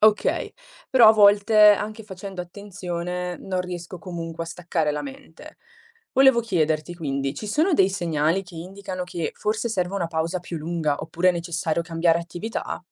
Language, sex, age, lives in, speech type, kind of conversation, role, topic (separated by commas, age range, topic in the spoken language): Italian, female, 20-24, Italy, read, expert-assistant, asker, Quali sono i segnali fisici che il mio corpo mi manda quando sto attraversando un periodo difficile, e come posso imparare a riconoscerli?